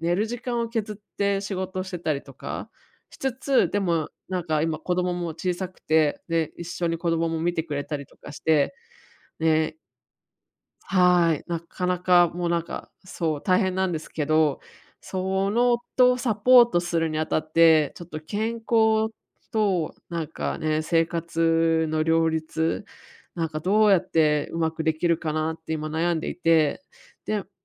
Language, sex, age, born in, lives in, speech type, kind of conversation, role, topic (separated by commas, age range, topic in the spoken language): Japanese, female, 35-39, Japan, United States, conversation, advice, user, どうすれば起業家は燃え尽きずに健康と生活をバランスよく両立できますか？